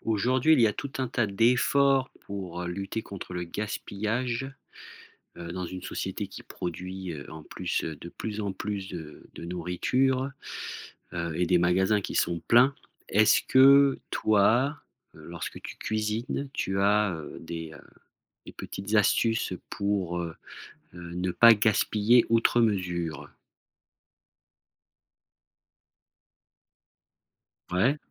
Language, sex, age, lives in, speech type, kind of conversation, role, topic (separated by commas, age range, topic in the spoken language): French, male, 40-44, France, conversation, podcast, host, Que fais-tu avec des restes pour en faire un bon repas ?